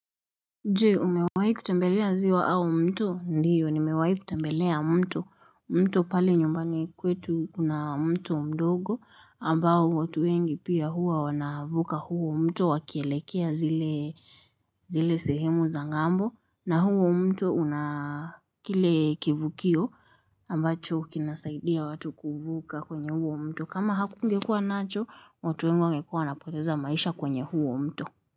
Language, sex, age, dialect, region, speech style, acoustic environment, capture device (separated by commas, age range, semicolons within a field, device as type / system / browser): Swahili, female, 18-24, Kenya - Nairobi Sheng-influenced, Kenya, relaxed, silence, mobile / Linux / Chrome